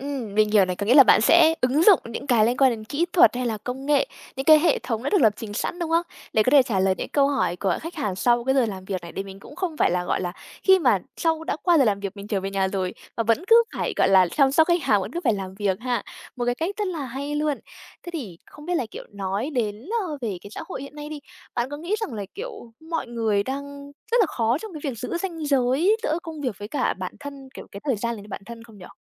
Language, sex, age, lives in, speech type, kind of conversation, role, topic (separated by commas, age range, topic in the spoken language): Vietnamese, female, 20-24, Vietnam, conversation, podcast, host, Làm thế nào để giữ ranh giới giữa công việc và đời sống riêng tư?